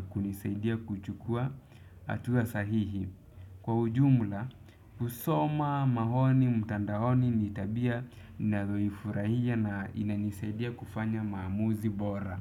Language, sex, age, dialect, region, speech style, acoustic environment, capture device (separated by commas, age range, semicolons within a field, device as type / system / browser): Swahili, male, 25-34, Kenya - Nairobi Sheng-influenced, Kenya, relaxed, silence, desktop / Windows / Chrome